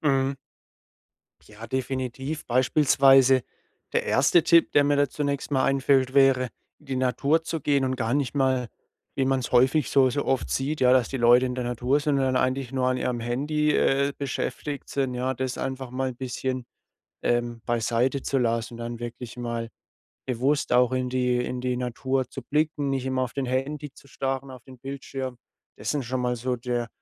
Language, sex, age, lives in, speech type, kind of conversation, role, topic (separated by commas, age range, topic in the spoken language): German, male, 25-29, Germany, conversation, podcast, guest, Welche einfachen Wege gibt es, die Natur achtsam wahrzunehmen?